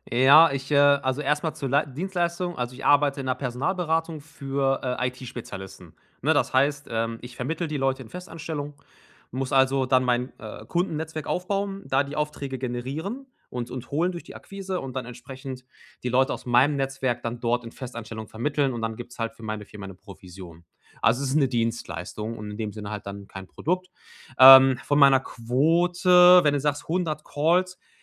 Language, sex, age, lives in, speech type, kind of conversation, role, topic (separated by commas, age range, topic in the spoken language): German, male, 30-34, Germany, conversation, advice, user, Wie kann ich meine Angst vor dem Versagen überwinden?